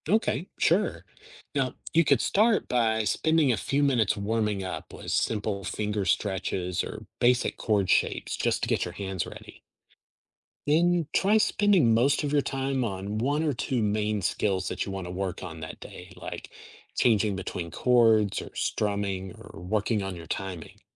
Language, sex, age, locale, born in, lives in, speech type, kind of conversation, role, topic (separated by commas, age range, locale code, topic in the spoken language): English, male, 50-54, en-US, United States, United States, read, expert-assistant, expert, How can learning a new skill change your perspective?